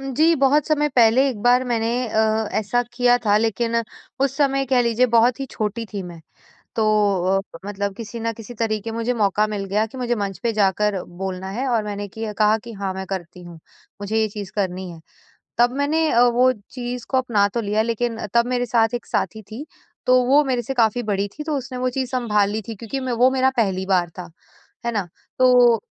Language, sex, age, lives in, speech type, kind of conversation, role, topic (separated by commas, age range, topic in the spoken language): Hindi, female, 25-29, India, conversation, advice, user, मैं सार्वजनिक रूप से बोलते समय अपना आत्मविश्वास कैसे बढ़ा सकता/सकती हूँ?